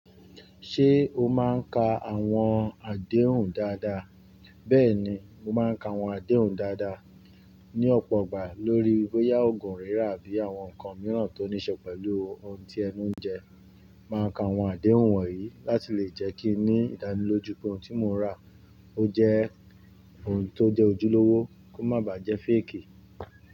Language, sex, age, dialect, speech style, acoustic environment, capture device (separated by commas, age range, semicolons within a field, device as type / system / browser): Yoruba, male, 35-44, Nigeria - Ibadan, relaxed, appliances, mobile / Linux / Chrome